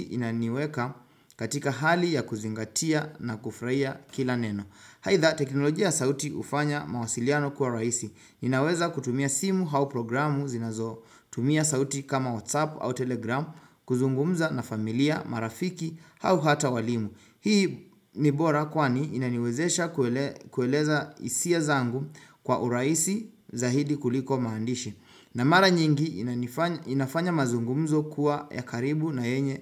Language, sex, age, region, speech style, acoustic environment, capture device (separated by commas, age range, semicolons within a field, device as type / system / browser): Swahili, male, 25-34, Kenya, relaxed; focused, silence, desktop / Windows / Chrome